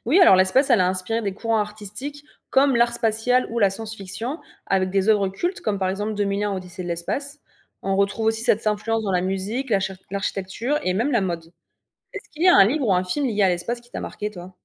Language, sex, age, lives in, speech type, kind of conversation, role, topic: French, female, 25-29, France, read, expert-assistant, expert, Pourquoi l’exploration de l’espace nous fascine-t-elle autant, et qu’est-ce que cela dit sur notre curiosité humaine ?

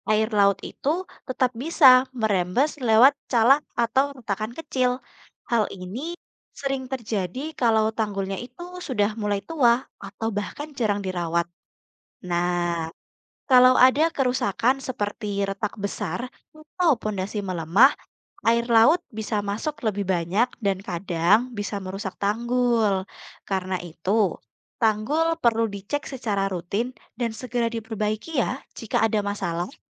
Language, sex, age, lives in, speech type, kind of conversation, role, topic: Indonesian, female, 20-24, Indonesia, read, expert-assistant, expert, Apa yang menyebabkan terjadinya pasang surut air laut?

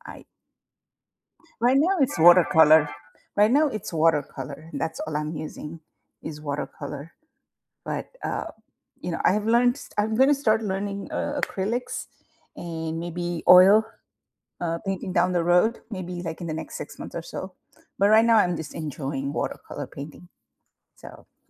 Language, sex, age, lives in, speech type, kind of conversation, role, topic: English, female, 50-54, United States, conversation, unstructured, none, How did you first become interested in your favorite hobby?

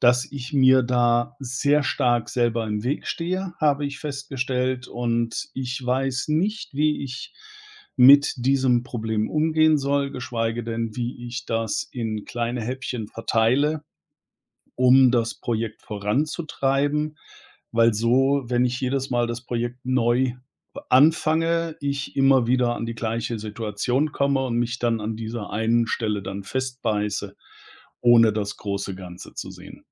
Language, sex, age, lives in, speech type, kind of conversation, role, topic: German, male, 50-54, Germany, conversation, advice, user, Wie verzögert Perfektionismus den Abschluss wichtiger Projekte?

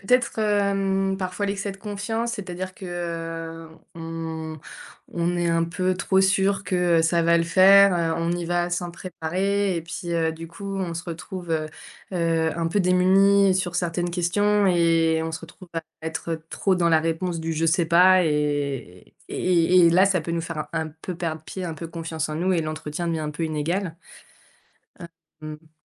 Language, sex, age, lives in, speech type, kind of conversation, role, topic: French, female, 30-34, France, conversation, podcast, guest, Quels conseils donnerais-tu pour réussir un entretien d’embauche ?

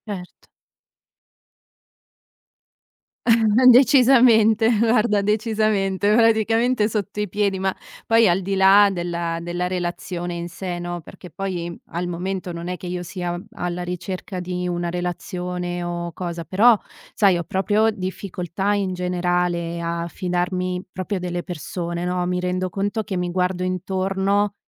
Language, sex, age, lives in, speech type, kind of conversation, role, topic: Italian, female, 30-34, Italy, conversation, advice, user, Come posso tornare a fidarmi dopo una bugia o un tradimento passato?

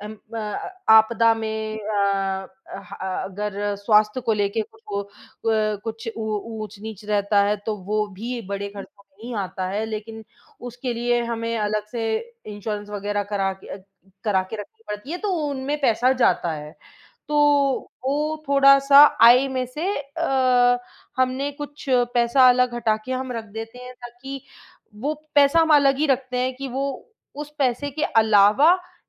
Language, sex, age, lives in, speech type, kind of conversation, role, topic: Hindi, female, 25-29, India, conversation, advice, user, क्या बड़े घर को खरीदने के लिए ऋण लेना आपके लिए सही फैसला होगा?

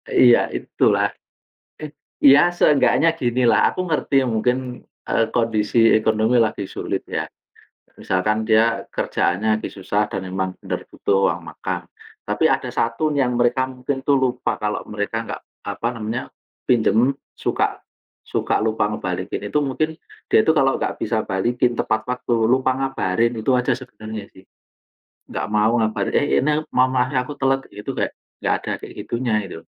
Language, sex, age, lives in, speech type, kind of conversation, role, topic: Indonesian, male, 40-44, Indonesia, conversation, unstructured, none, Bagaimana kamu menyikapi orang yang sering meminjam uang tetapi tidak mau mengembalikannya?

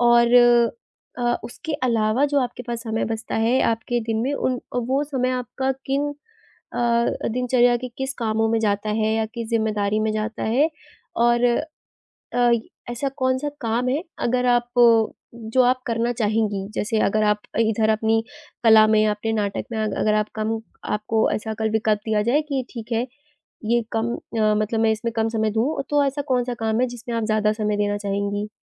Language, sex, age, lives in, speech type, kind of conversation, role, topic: Hindi, female, 20-24, India, conversation, advice, advisor, एकाग्रता बनाए रखने में कठिनाई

